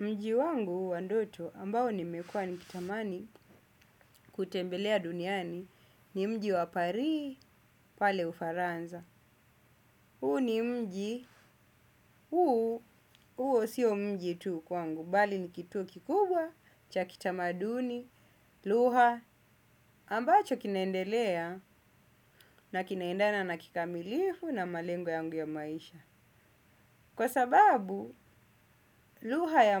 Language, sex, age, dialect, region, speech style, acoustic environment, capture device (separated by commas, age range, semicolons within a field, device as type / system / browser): Swahili, male, 25-34, Kenya - Mombasa, Kenya, happy; focused, silence; nature, desktop / Windows / Chrome